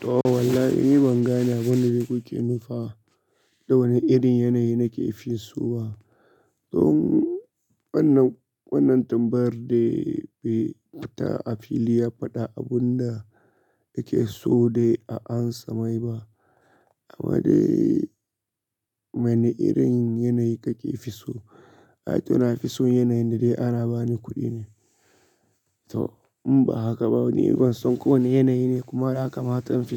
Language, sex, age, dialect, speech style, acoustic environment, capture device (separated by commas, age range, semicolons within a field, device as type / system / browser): Hausa, male, 25-34, Nigeria - Kano, focused, nature; silence, mobile / Linux / Chrome